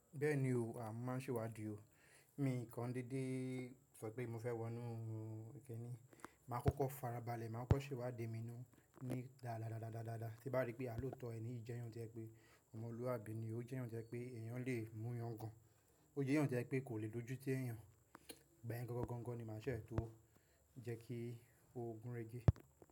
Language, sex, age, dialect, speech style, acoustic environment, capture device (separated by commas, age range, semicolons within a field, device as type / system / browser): Yoruba, male, 18-24, Nigeria - Nigerian Standard, happy, nature, mobile / macOS / Safari